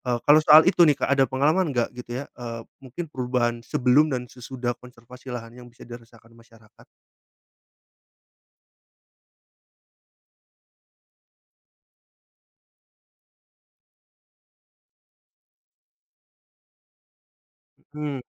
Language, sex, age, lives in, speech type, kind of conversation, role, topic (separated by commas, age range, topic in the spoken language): Indonesian, male, 30-34, Indonesia, conversation, podcast, host, Bagaimana memastikan manfaat konservasi bisa langsung dirasakan oleh warga setempat?